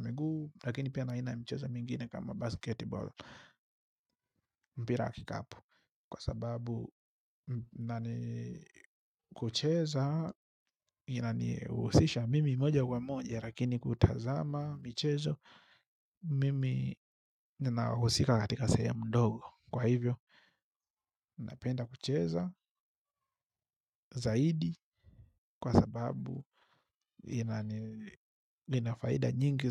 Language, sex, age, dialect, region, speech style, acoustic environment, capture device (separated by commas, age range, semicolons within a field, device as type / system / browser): Swahili, male, 25-34, Tanzania - Dar es Salaam, Tanzania, happy; focused; relaxed, silence, mobile / Linux / Chrome